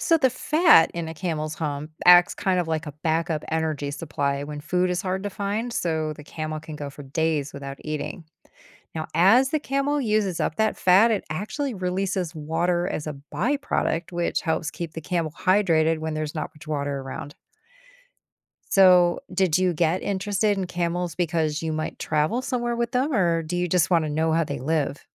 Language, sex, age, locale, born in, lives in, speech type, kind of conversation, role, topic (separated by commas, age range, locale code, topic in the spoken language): English, female, 50-54, en-US, United States, United States, read, expert-assistant, expert, How do camels store water in their bodies?